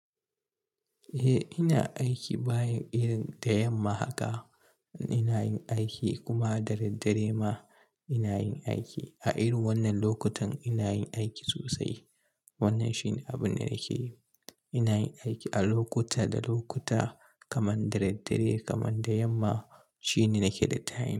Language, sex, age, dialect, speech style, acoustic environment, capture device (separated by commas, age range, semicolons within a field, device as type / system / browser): Hausa, male, 25-34, Nigeria - Kano, relaxed, silence, mobile / Linux / Chrome